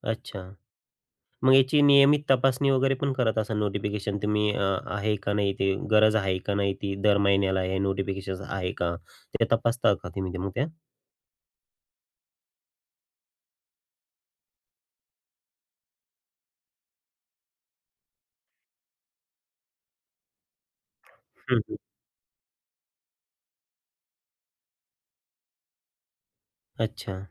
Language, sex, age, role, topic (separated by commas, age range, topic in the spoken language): Marathi, male, 35-39, host, तुम्ही रोजच्या सूचना कशा हाताळता?